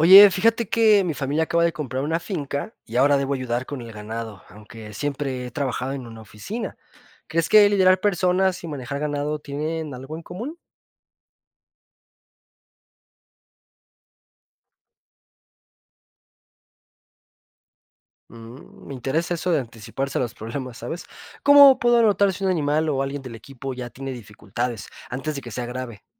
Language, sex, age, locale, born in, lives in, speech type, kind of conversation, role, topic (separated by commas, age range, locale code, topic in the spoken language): Spanish, male, 25-29, es-MX, Mexico, Mexico, read, expert-assistant, asker, ¿Cómo compararías el manejo del ganado con la forma en que se gestiona un equipo de trabajo?